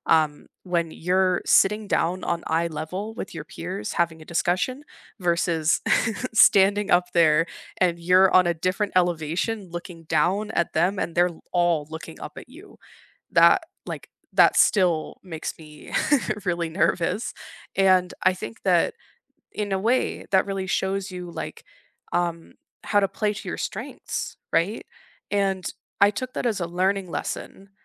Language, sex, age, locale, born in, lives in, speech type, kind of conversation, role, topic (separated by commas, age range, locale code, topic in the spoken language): English, female, 25-29, en-US, United States, Canada, conversation, unstructured, none, What class project will you never forget, and what made it so meaningful for you?